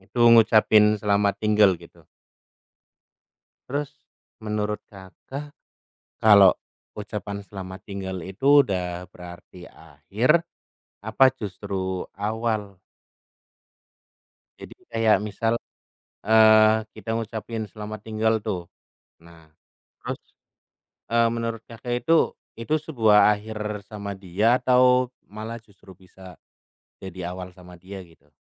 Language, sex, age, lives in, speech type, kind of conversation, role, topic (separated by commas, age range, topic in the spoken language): Indonesian, male, 30-34, Indonesia, conversation, unstructured, none, Apa yang paling sulit bagi Anda saat harus mengucapkan selamat tinggal?